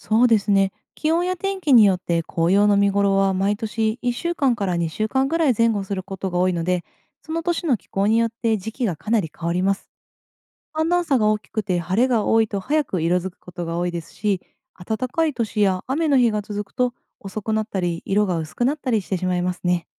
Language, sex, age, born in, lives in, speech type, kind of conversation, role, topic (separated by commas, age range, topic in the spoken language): Japanese, female, 30-34, Japan, Japan, read, expert-assistant, expert, なぜ葉っぱは秋になると色が変わるのか